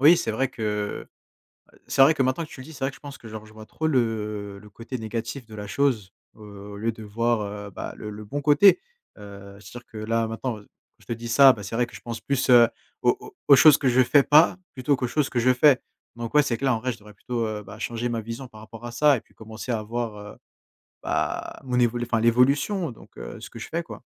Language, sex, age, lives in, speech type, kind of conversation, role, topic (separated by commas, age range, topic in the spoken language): French, male, 20-24, France, conversation, advice, user, Comment puis-je maintenir une routine matinale productive malgré mes difficultés ?